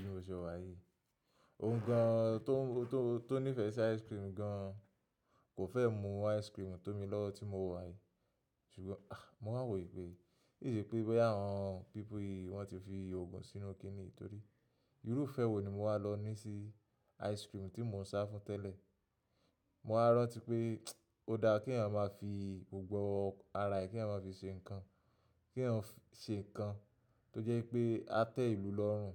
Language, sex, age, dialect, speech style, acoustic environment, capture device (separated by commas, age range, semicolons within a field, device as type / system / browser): Yoruba, male, 25-34, Nigeria - Lagos Yoruba, happy, appliances, mobile / macOS / Safari